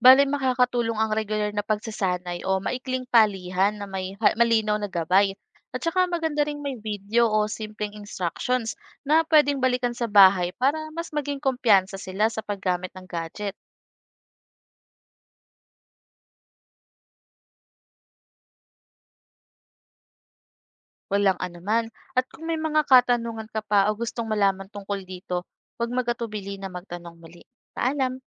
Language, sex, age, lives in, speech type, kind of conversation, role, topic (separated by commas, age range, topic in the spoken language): Filipino, female, 25-29, Philippines, read, expert-assistant, expert, Ano ang opinion mo sa paggamit ng teknolohiya, gaya ng tablets at online lessons, sa pagkatuto sa mga paaralan ngayon?